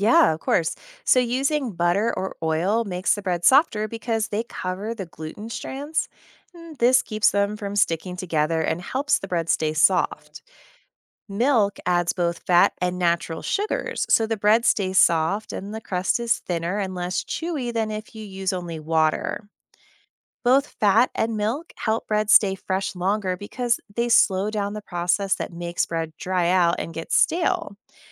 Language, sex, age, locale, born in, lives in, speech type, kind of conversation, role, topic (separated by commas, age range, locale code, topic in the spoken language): English, female, 35-39, en-US, United States, United States, read, expert-assistant, expert, How does yeast make bread rise?